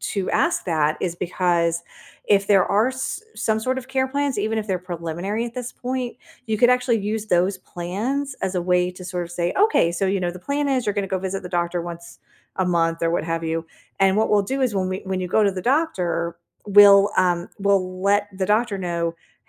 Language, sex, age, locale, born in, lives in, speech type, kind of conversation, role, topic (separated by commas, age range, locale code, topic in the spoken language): English, female, 45-49, en-US, United States, United States, conversation, advice, advisor, How can I cope and support my family member after hearing unexpected health news?